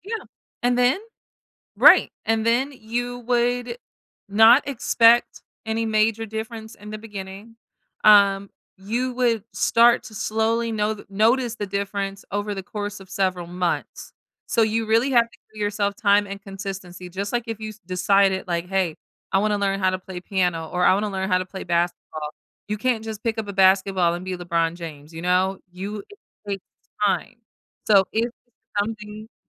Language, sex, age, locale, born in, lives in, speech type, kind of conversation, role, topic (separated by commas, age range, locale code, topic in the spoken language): English, female, 30-34, en-US, United States, United States, conversation, advice, advisor, How can I be more present and enjoy everyday moments instead of getting distracted?